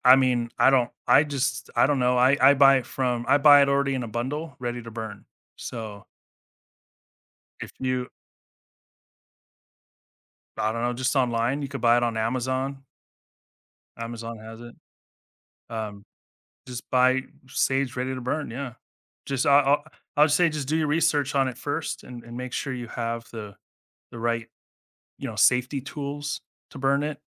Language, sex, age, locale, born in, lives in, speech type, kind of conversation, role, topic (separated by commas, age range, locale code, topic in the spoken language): English, male, 40-44, en-US, United States, United States, conversation, podcast, guest, What strategies or habits have you found most effective for managing stress in your daily life?